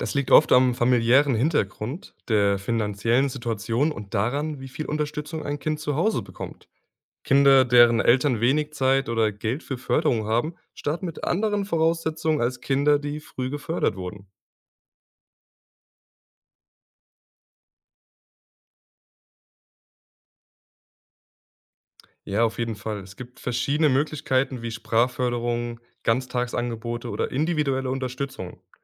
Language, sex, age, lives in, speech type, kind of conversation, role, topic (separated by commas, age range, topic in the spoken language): German, male, 20-24, Germany, read, expert-assistant, expert, Wie können Schulen und Gesellschaft gleiche Bildungschancen für alle Kinder schaffen?